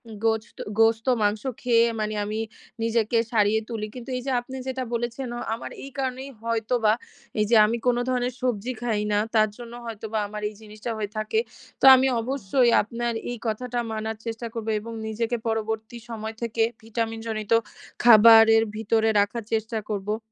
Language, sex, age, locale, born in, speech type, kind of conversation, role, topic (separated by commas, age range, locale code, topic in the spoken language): Bengali, female, 20-24, bn-BD, Bangladesh, conversation, advice, user, বারবার ক্লান্তি ও বার্নআউট অনুভব করছি